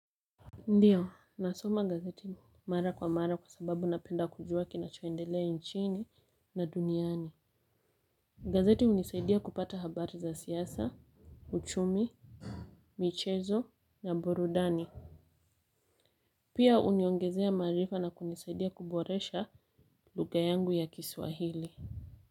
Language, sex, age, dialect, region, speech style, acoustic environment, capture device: Swahili, female, 25-34, Kenya - Mombasa, Kenya, relaxed; focused, silence, mobile / Linux / Chrome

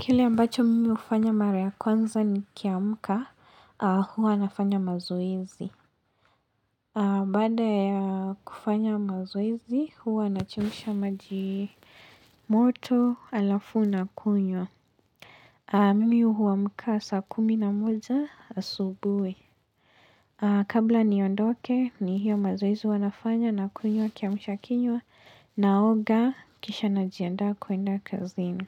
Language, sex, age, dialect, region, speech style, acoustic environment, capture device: Swahili, female, 18-24, Kenya - Mombasa, Kenya, relaxed, silence, mobile / Linux / Chrome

